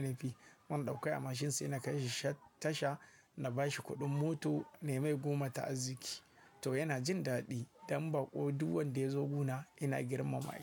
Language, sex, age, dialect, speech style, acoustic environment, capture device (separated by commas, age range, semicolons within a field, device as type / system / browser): Hausa, male, 25-34, Nigeria - Kano, happy, silence, mobile / Linux / Chrome